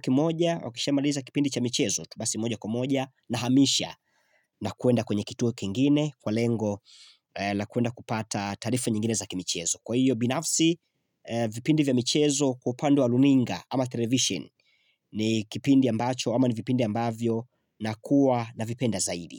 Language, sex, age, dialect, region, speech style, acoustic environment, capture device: Swahili, male, 25-34, Tanzania - Dar es Salaam, Tanzania, happy; excited; focused; relaxed, silence, mobile / Linux / Chrome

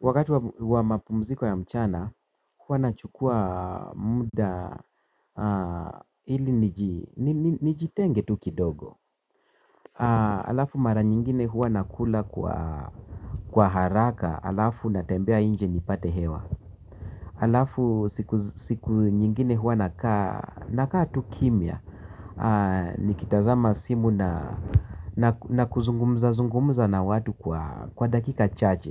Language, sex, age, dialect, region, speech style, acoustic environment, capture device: Swahili, male, 45-54, Kenya - Nairobi Sheng-influenced, Kenya, happy, silence, mobile / Linux / Chrome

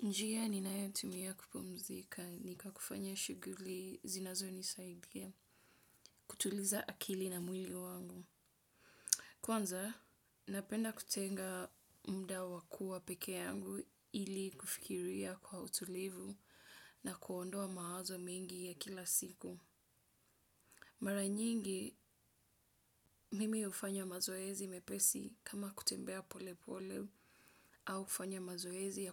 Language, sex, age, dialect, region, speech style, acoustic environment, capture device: Swahili, female, 25-34, Kenya - Mombasa, Kenya, relaxed, nature, desktop / Windows / Edge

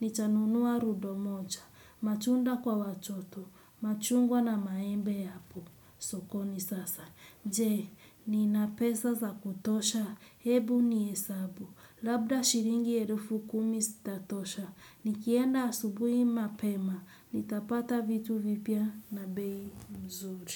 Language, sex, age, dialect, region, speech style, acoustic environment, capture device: Swahili, female, 25-34, Kenya - Mombasa, Kenya, relaxed, silence; nature, desktop / Windows / Chrome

